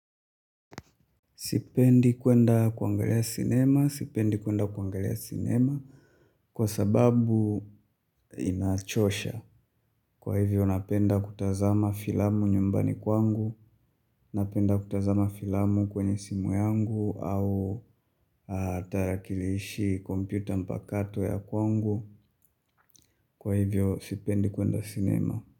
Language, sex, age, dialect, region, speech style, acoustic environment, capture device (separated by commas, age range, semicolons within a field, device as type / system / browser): Swahili, male, 25-34, Tanzania - Dar es Salaam, Tanzania, tired, silence, mobile / Linux / Chrome